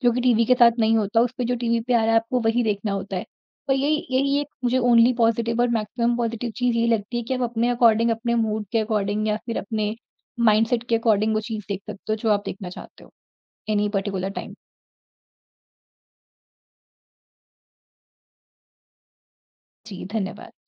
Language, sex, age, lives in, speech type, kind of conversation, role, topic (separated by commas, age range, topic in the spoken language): Hindi, female, 40-44, India, conversation, podcast, guest, Streaming platforms ne tumhari dekhne ki aadat kitni badli hai, aur tumne kya-kya badlav notice kiya hai?